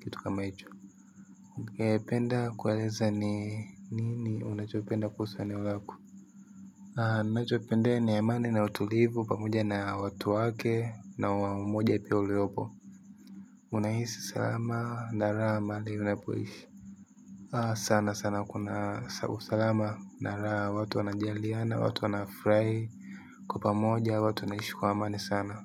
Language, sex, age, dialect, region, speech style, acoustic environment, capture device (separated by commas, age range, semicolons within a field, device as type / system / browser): Swahili, male, 18-24, Tanzania - Dar es Salaam, Tanzania, happy; focused; relaxed, silence, mobile / Linux / Chrome